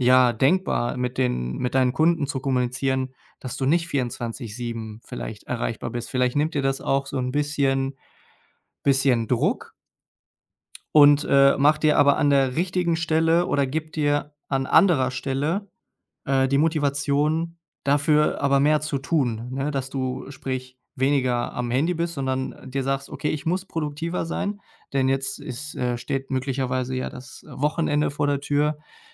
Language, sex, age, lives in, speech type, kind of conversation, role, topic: German, male, 30-34, Germany, conversation, advice, advisor, Wie kann ich Zeit für meine wichtigsten Aufgaben blockieren, ohne abgelenkt zu werden?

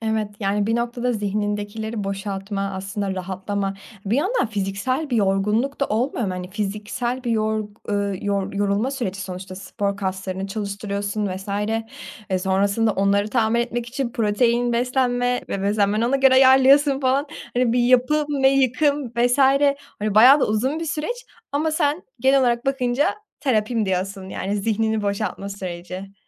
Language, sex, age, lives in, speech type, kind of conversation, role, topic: Turkish, female, 20-24, Germany, conversation, podcast, host, Boş zamanlarında yaratıcı şeyler yapmak için neler yaparsın?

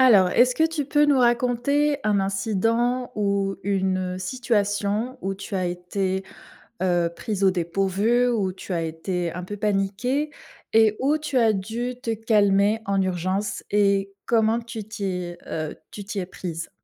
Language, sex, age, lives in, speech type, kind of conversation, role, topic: French, female, 25-29, Germany, conversation, podcast, host, Quelle méthode simple peux-tu utiliser tout de suite pour calmer ton esprit en urgence ?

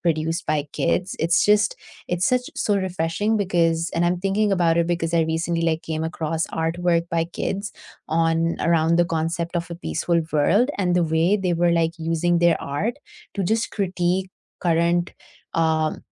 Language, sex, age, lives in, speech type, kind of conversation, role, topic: English, female, 25-29, United States, conversation, unstructured, none, What role does art play in everyday life?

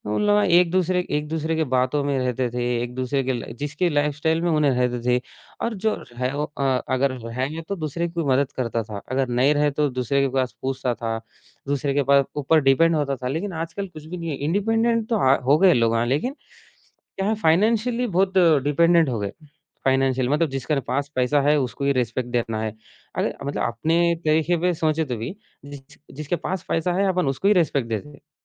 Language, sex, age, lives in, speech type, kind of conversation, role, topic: Hindi, male, 20-24, India, conversation, podcast, guest, Pichhli generation ke values aaj tumhari zindagi mein kaise nazar aate hain?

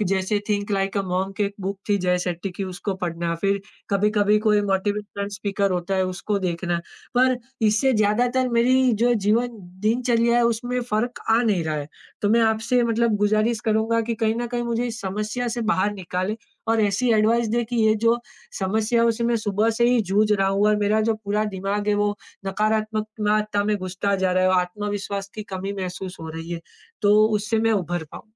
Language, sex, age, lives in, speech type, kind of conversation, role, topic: Hindi, male, 25-29, India, conversation, advice, user, रिश्ते में होने वाले आत्म-संदेह को कम करके मैं अपना भरोसा और आत्म-विश्वास कैसे बढ़ा सकता/सकती हूँ?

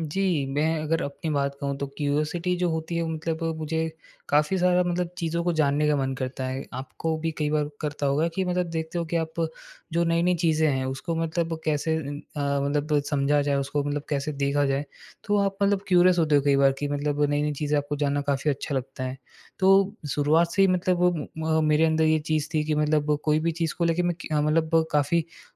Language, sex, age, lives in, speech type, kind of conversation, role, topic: Hindi, male, 20-24, India, conversation, podcast, guest, Curiosity ko roz ek habit me kaise badalte ho?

